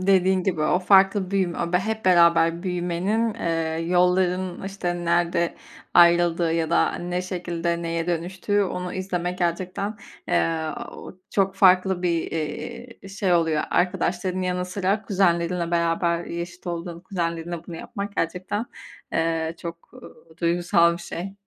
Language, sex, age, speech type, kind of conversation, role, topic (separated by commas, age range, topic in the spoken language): Turkish, female, 25-29, conversation, podcast, host, Bir bayram sofrası kimlik ve aidiyet duygusunu nasıl güçlendirir?